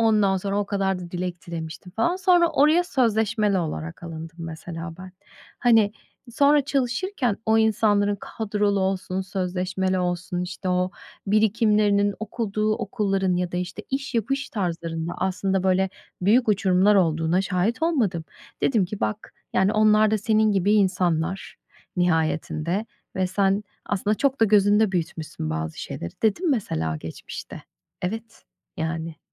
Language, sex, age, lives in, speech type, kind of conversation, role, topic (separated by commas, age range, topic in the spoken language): Turkish, female, 40-44, United States, conversation, advice, user, Önemli bir karar verirken sezgilerime ve değerlerime nasıl güvenebilirim?